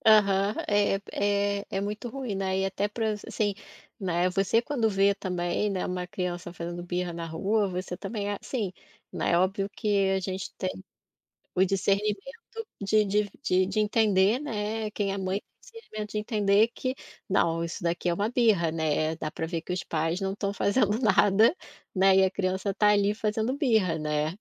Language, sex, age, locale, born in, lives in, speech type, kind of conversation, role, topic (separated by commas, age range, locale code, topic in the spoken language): Portuguese, female, 40-44, pt-BR, Brazil, Portugal, conversation, podcast, host, Como lidar com as birras e o choro dos filhos?